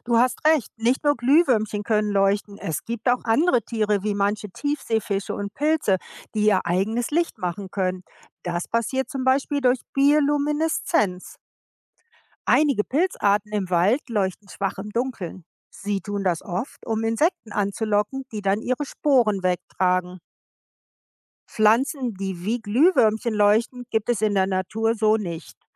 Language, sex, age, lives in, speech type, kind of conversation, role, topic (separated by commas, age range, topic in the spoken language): German, female, 55-59, United States, read, expert-assistant, expert, Warum leuchten Glühwürmchen?